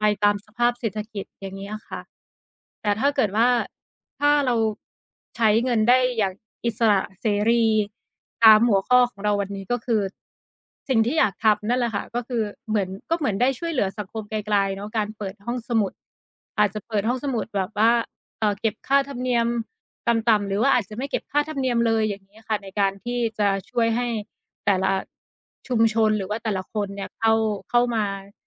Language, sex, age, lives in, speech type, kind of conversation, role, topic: Thai, female, 30-34, Thailand, conversation, unstructured, none, ถ้าไม่มีข้อจำกัดเรื่องเงิน คุณอยากทำอะไรในชีวิต?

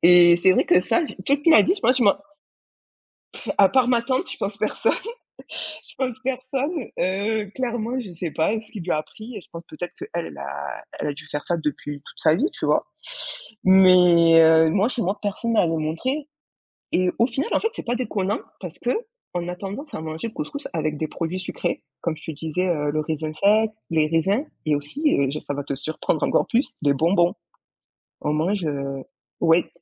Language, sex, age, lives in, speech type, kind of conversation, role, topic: French, female, 25-29, France, conversation, podcast, guest, As-tu une tradition culinaire dans ta famille ?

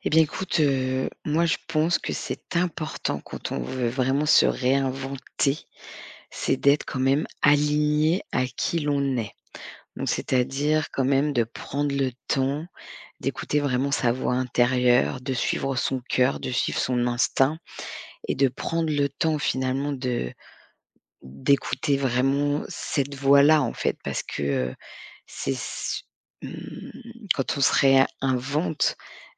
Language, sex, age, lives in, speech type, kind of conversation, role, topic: French, female, 40-44, France, conversation, podcast, guest, Quel est ton meilleur conseil pour commencer à te réinventer ?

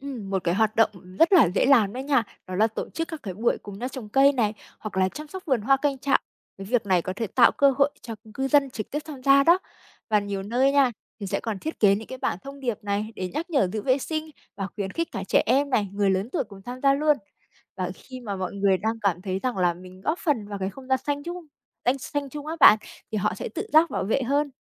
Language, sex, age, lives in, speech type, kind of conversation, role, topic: Vietnamese, female, 25-29, Vietnam, read, expert-assistant, expert, Nếu bạn là người quyết định, bạn sẽ ưu tiên phát triển giao thông công cộng, không gian xanh hay nhà ở trước cho thành phố mình sống? Vì sao?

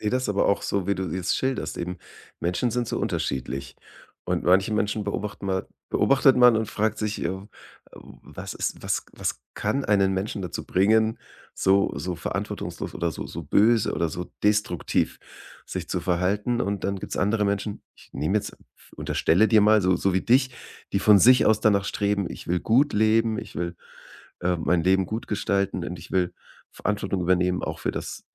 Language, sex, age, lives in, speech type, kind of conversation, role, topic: German, male, 35-39, Germany, conversation, podcast, host, Wie gebt ihr bei euch zu Hause Werte an die nächste Generation weiter?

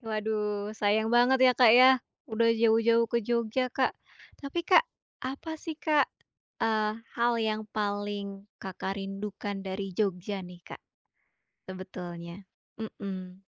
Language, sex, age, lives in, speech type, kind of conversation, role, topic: Indonesian, female, 25-29, Indonesia, conversation, podcast, host, Apa momen perjalanan paling berkesan dalam hidupmu?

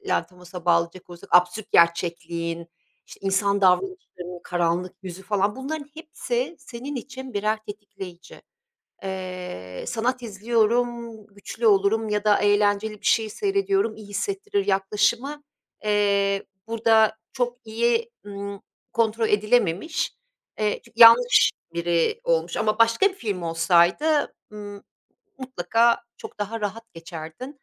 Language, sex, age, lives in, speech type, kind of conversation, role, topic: Turkish, female, 50-54, Italy, conversation, advice, advisor, Hızlı sakinleşmek için hangi nefes egzersizleri işe yarar?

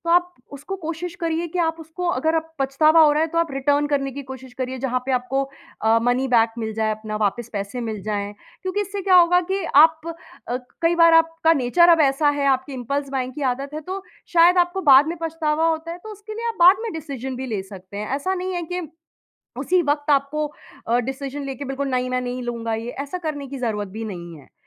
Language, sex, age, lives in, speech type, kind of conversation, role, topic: Hindi, female, 30-34, India, conversation, advice, advisor, अचानक हुई खरीदारी और बाद में पछतावा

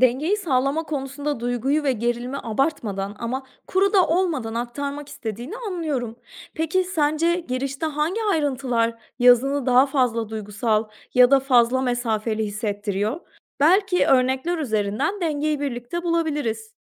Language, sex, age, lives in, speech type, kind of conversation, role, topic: Turkish, female, 30-34, Portugal, read, expert-assistant, expert, Haber yazarken okuyucunun ilgisini çekmek için hangi yöntemleri kullanabilirim? Etkili hikâye anlatımında hangi beceriler önemli?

